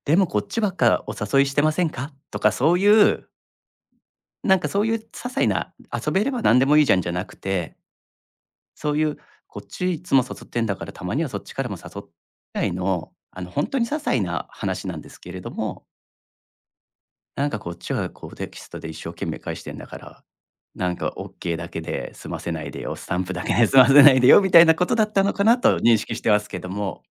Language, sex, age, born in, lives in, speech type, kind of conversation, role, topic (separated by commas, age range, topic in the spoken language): Japanese, male, 35-39, Japan, Japan, conversation, podcast, guest, LINEやSNSで言葉を選ぶとき、どんな基準で決めていますか？